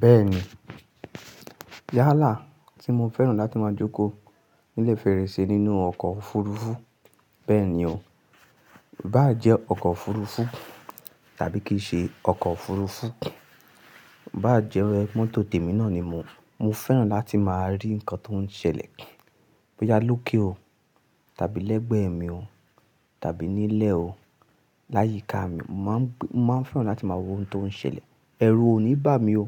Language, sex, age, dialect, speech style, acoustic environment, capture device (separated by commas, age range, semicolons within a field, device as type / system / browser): Yoruba, male, 25-34, Nigeria - Ibadan, happy, people talking, mobile / Linux / Chrome